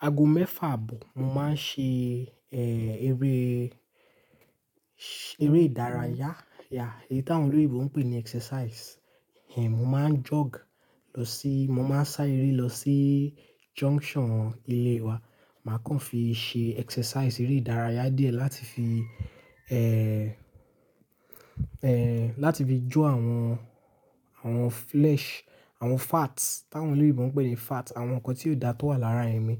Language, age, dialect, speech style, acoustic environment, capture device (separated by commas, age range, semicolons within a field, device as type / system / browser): Yoruba, 18-24, Nigeria - Lagos Yoruba, relaxed, silence; nature, mobile / Linux / Chrome